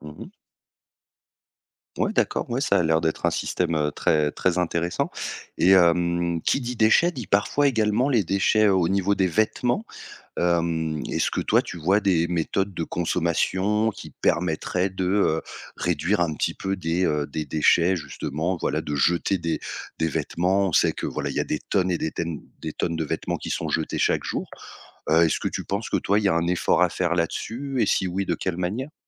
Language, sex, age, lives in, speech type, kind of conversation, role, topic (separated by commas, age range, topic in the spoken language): French, male, 30-34, France, conversation, podcast, host, Comment réduis-tu tes déchets au quotidien ?